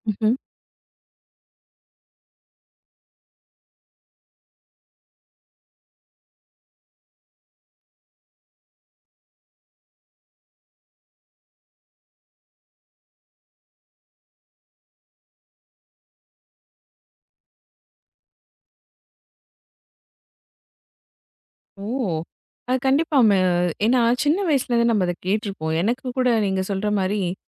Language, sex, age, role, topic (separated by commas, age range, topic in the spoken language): Tamil, female, 35-39, host, சோகத்தை சமாளிக்க பாடல்களை எப்படி தேர்வு செய்வீர்கள்?